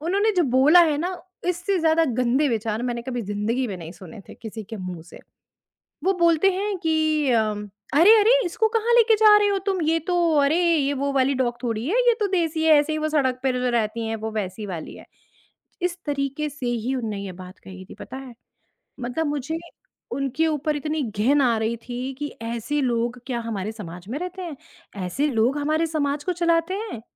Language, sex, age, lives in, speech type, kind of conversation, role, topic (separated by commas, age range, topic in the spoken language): Hindi, female, 35-39, India, conversation, podcast, guest, आपको अकेलापन सबसे ज़्यादा कब महसूस होता है?